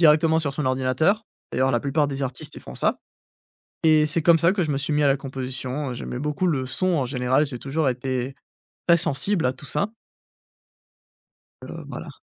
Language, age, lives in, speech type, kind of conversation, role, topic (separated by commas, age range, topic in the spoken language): French, 20-24, France, conversation, podcast, guest, Comment trouves-tu ta voix artistique ?